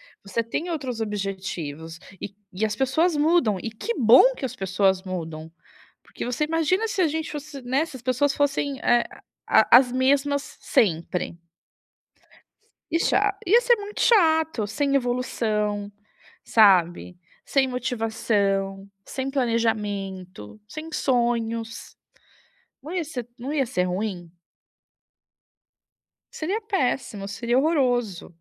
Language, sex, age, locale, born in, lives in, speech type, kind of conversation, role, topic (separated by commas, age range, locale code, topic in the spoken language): Portuguese, female, 35-39, pt-BR, Brazil, Italy, conversation, advice, advisor, Como manter a motivação e a consistência a longo prazo?